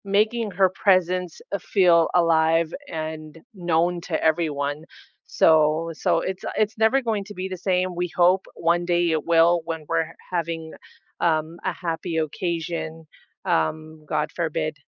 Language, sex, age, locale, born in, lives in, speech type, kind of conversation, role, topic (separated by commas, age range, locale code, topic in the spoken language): English, female, 30-34, en-US, United States, United States, conversation, unstructured, none, What place truly feels like home to you, and what makes it feel that way?